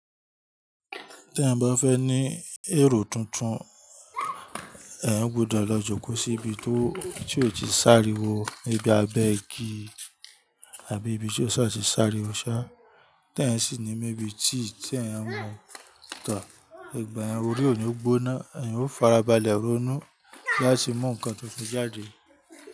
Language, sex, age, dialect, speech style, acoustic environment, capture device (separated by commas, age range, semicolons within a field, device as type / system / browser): Yoruba, male, 25-34, Nigeria - Ibadan, happy, nature; people talking, mobile / Linux / Chrome